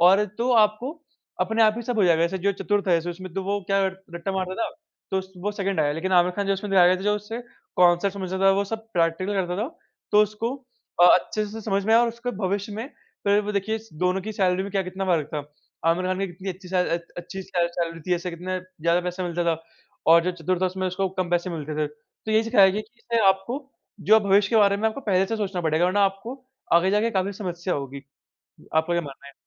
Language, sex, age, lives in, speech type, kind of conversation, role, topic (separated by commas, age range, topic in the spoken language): Hindi, male, 45-49, India, conversation, unstructured, none, आप अपने भविष्य के लिए कौन-से कदम उठा रहे हैं?